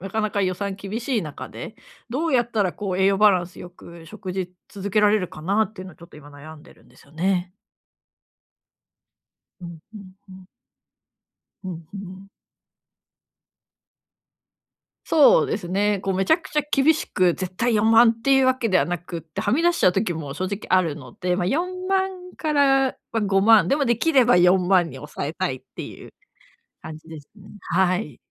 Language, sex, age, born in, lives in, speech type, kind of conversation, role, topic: Japanese, female, 35-39, Japan, Japan, conversation, advice, user, 予算内で栄養のある食事をとるにはどうすればよいですか？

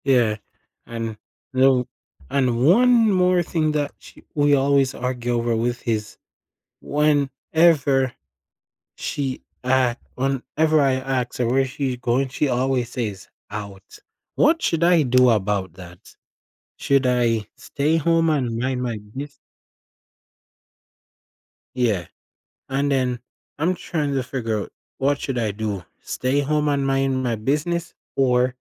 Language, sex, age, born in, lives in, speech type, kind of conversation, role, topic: English, male, 20-24, Jamaica, United States, conversation, advice, user, How can I improve communication with my partner so we argue less?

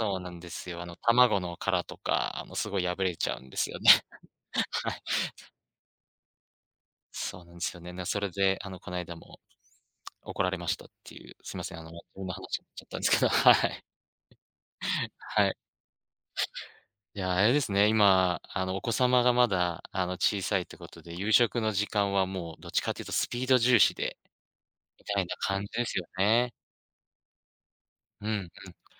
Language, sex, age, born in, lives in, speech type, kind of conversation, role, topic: Japanese, male, 35-39, Japan, Japan, conversation, podcast, host, 夕食の時間、家ではどんな雰囲気ですか？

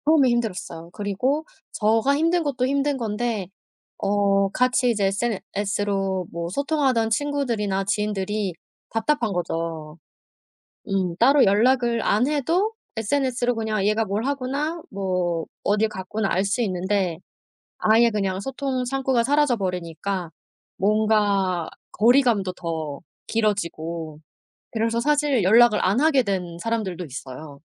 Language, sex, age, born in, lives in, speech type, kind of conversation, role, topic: Korean, female, 35-39, South Korea, South Korea, conversation, podcast, guest, 스마트폰을 보지 않고 자연을 더 잘 즐길 수 있는 방법은 무엇인가요?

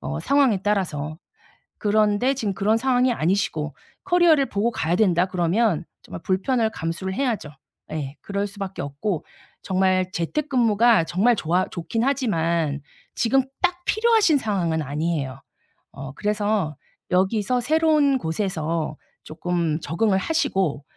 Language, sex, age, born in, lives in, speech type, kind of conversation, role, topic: Korean, female, 45-49, South Korea, South Korea, conversation, advice, advisor, 새로운 직장 제안들 사이에서 조건을 비교해 협상까지 고려할 때 어떻게 선택하면 좋을까요?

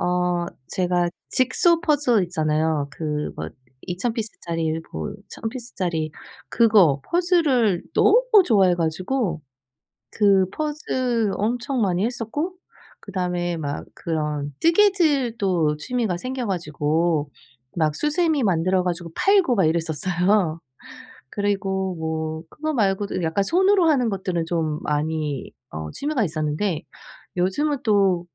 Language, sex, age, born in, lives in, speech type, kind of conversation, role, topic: Korean, female, 40-44, South Korea, United States, conversation, advice, user, 새로운 환경에서 친한 친구를 쉽게 사귀려면 어떻게 해야 하나요?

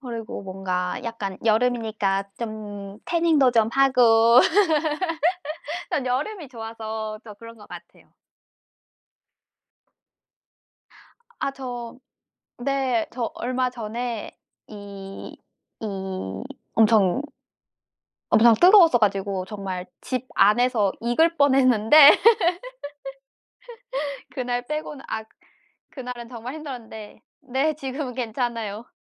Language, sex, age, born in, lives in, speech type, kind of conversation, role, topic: Korean, female, 25-29, South Korea, France, conversation, unstructured, none, 숲속에서 가장 특별하다고 느꼈던 순간은 언제였나요?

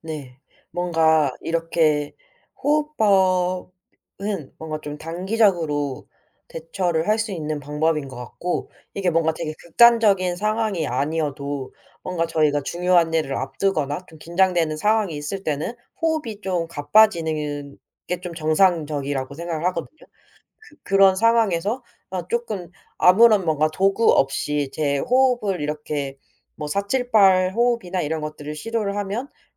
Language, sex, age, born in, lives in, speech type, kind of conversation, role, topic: Korean, female, 25-29, South Korea, South Korea, conversation, podcast, guest, 불안할 때 주로 어떤 방법을 쓰세요?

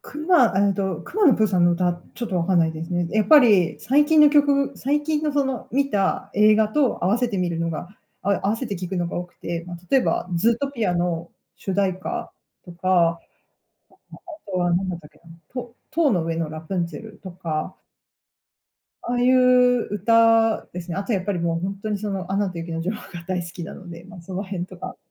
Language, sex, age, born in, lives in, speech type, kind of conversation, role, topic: Japanese, female, 40-44, Japan, United States, conversation, podcast, guest, 最近よく聴いている曲は何ですか？なぜその曲をよく聴いているのですか？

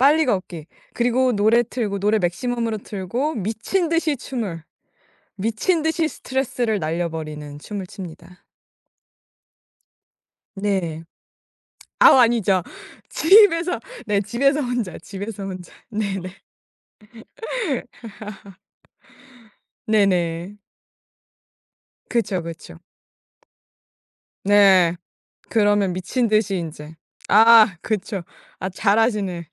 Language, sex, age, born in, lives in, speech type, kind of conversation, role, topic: Korean, female, 18-19, South Korea, United States, conversation, podcast, guest, 스트레스가 쌓일 때 우리 몸은 어떤 신호를 보내나요?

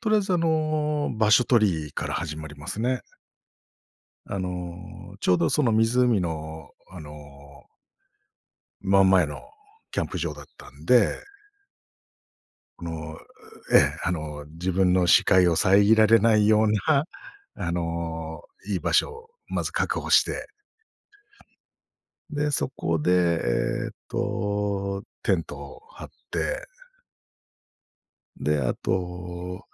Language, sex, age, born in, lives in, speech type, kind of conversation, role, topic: Japanese, male, 45-49, Japan, Japan, conversation, podcast, guest, 最近ハマっている趣味は何ですか？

